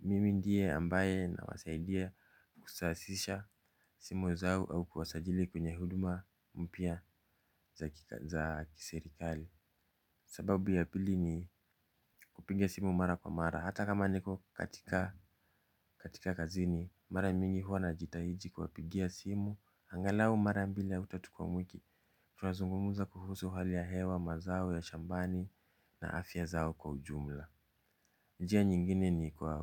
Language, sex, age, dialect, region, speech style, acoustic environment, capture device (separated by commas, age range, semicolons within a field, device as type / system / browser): Swahili, male, 25-34, Kenya - Nairobi Sheng-influenced, Kenya, relaxed; focused, silence, mobile / Linux / Chrome